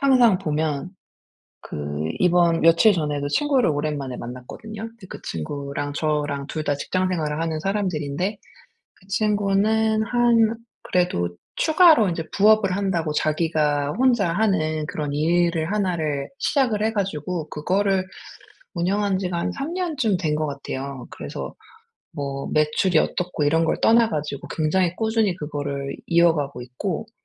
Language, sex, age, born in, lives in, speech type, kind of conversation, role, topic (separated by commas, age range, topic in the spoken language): Korean, female, 40-44, South Korea, United States, conversation, advice, user, 다른 사람과 비교하지 않고 제 강점을 스스로 알아보고 인정하려면 어떻게 해야 할까요?